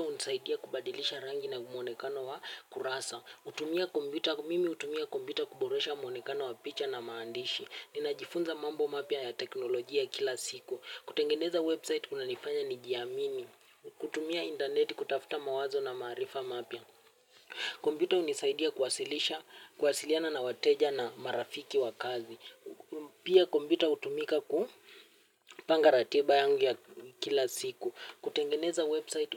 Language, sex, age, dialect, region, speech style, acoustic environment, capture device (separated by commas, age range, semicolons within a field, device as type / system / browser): Swahili, male, 18-24, Kenya - Nairobi Sheng-influenced, Kenya, happy; anxious, nature; silence, mobile / Linux / Chrome